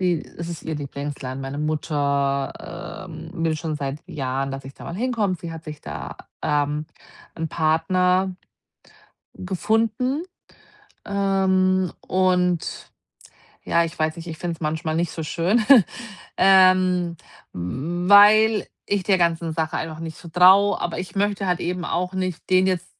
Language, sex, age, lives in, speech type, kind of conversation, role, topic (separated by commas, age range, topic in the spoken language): German, female, 40-44, Germany, conversation, advice, user, Wie kann ich neue Orte erkunden, ohne mich überfordert zu fühlen?